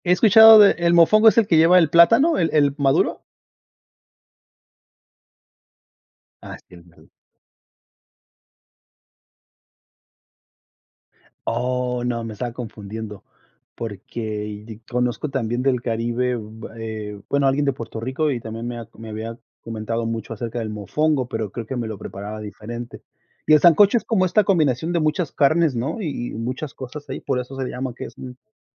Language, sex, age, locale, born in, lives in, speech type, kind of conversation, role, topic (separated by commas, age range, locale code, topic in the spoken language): Spanish, male, 40-44, es-MX, Mexico, United States, conversation, unstructured, none, ¿Qué comida te hace sonreír con solo pensar en ella?